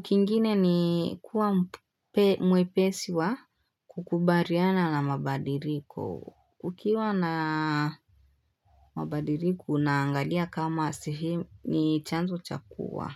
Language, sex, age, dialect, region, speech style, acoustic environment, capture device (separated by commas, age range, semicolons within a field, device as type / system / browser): Swahili, female, 18-24, Kenya - Nairobi Sheng-influenced, Kenya, nervous, people talking, desktop / Linux / Chrome